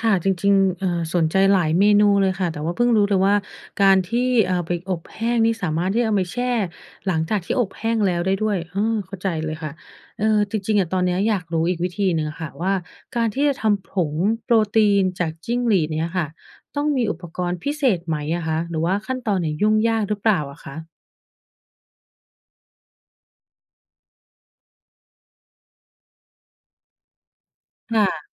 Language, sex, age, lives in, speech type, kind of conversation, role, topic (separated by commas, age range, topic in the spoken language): Thai, female, 30-34, United States, read, expert-assistant, asker, การเลี้ยงจิ้งหรีดเพื่อเป็นอาหารโปรตีน